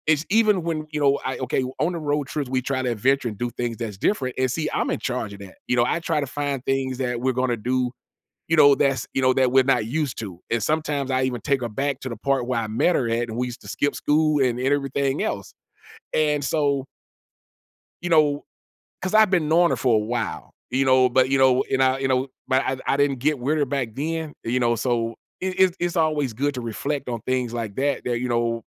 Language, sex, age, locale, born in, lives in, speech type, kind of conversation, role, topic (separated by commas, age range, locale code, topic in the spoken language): English, male, 45-49, en-US, United States, United States, conversation, advice, user, How do I resolve recurring disagreements with my partner and improve our communication?